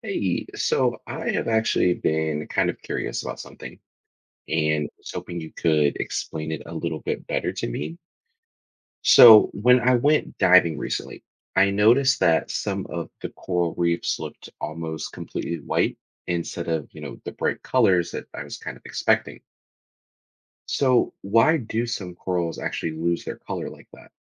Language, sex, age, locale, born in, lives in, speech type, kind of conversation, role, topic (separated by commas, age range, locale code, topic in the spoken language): English, male, 30-34, en-US, United States, United States, read, expert-assistant, asker, Why do coral reefs sometimes turn white, and what causes coral bleaching?